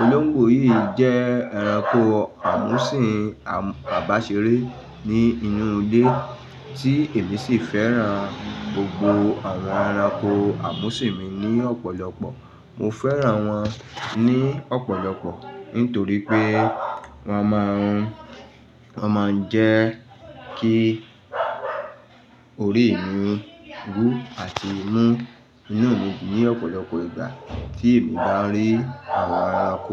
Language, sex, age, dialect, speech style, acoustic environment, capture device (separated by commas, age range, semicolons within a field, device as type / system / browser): Yoruba, male, 35-44, Nigeria - Lagos Yoruba, happy, nature; traffic; people talking, mobile / Linux / Chrome